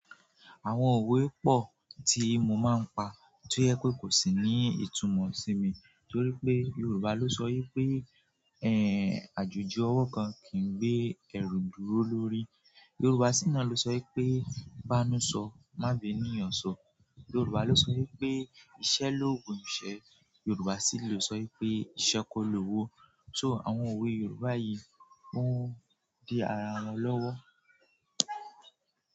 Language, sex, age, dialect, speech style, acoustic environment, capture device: Yoruba, male, 18-24, Nigeria - Nigerian Standard, relaxed; focused, nature, mobile / Android / Chrome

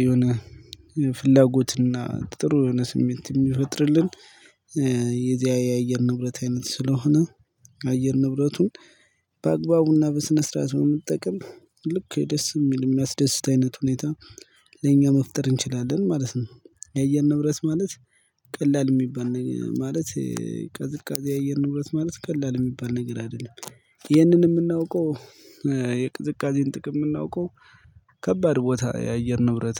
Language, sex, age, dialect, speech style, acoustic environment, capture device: Amharic, male, 25-34, Ethiopia - Gojjam, happy; relaxed, nature; silence; construction, mobile / Linux / Chrome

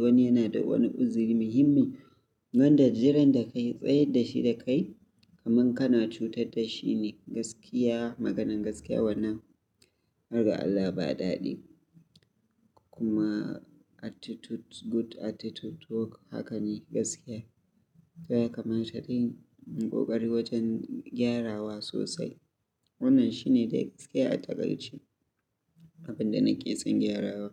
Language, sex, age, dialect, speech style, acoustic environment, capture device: Hausa, male, 25-34, Nigeria - Kano, happy, silence; nature, mobile / Linux / Chrome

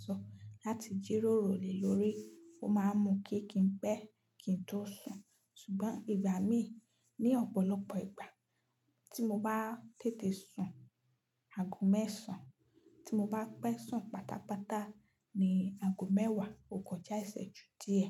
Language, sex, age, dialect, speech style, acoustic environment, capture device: Yoruba, female, 25-34, Nigeria - Nigerian Standard, relaxed, silence, mobile / Linux / Chrome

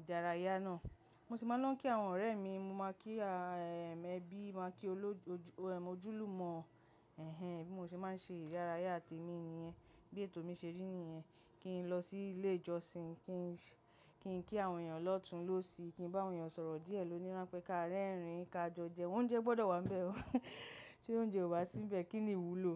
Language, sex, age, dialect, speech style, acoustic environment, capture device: Yoruba, female, 35-44, Nigeria - Lagos Yoruba, happy, nature, mobile / macOS / Safari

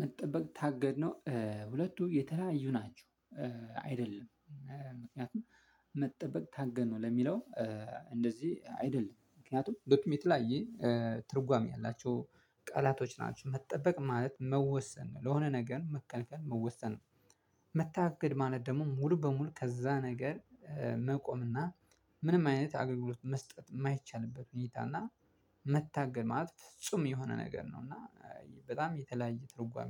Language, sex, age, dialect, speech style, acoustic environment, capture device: Amharic, male, 25-34, Ethiopia - Addis Ababa, excited, silence, mobile / Linux / Chrome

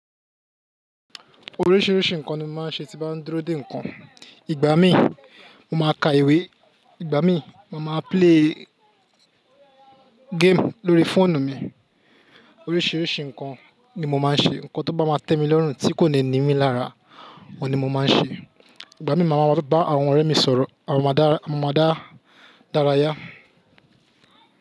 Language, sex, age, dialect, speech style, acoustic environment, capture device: Yoruba, male, 25-34, Nigeria - Lagos Yoruba, focused, people talking, mobile / Linux / Chrome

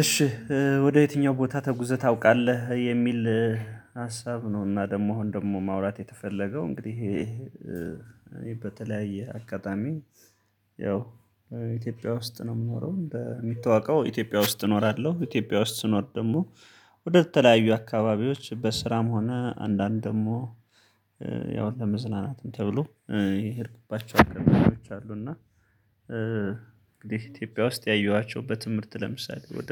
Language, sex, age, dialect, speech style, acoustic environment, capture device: Amharic, male, 35-44, Ethiopia - Gondar, focused, people talking, mobile / Linux / Chrome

